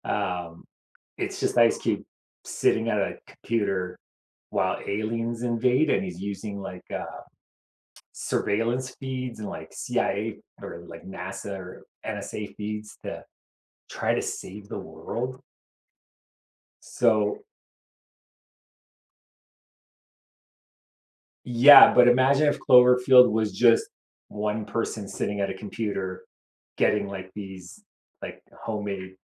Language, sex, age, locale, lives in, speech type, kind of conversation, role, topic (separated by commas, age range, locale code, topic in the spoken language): English, male, 40-44, en-CA, Canada, conversation, unstructured, none, Which movies, TV shows, music, games, or live events make you feel most connected to other people, and how do you share them?